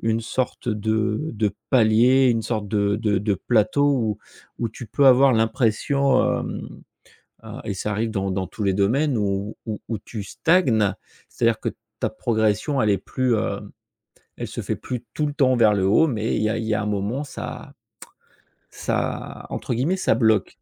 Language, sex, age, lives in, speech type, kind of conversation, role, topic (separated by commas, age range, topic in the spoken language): French, male, 45-49, France, conversation, podcast, host, Comment évalues-tu ta progression créative au fil du temps ?